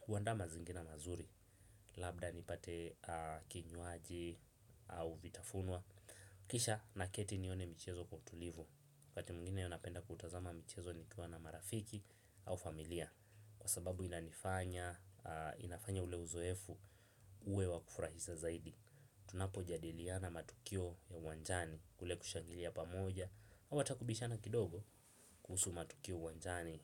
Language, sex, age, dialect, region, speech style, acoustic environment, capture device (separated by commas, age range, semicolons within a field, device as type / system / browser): Swahili, male, 18-24, Kenya - Mombasa, Kenya, nervous, nature, desktop / Windows / Chrome